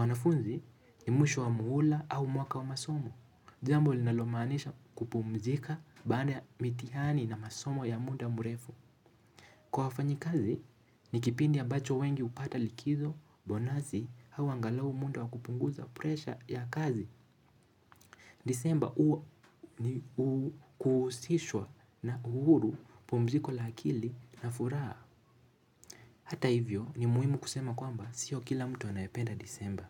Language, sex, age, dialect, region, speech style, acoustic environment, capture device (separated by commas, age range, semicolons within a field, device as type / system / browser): Swahili, male, 18-24, Kenya - Mombasa, Kenya, focused, nature, mobile / Linux / Chrome